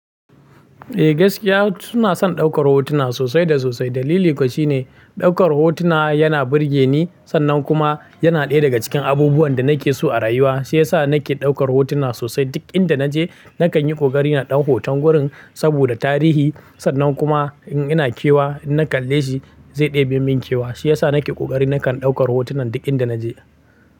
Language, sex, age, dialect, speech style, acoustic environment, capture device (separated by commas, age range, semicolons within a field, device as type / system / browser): Hausa, male, 18-24, Nigeria - Kano, happy, silence, mobile / Linux / Chrome